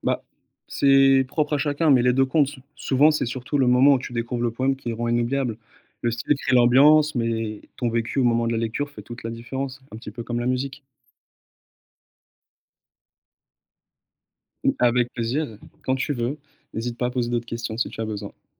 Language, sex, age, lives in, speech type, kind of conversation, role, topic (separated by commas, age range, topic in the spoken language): French, male, 30-34, France, read, expert-assistant, expert, Quand tu veux découvrir la poésie, tu préfères donner la priorité aux grands noms connus ou aux nouvelles voix ? Qu’est-ce qui t’attire le plus ?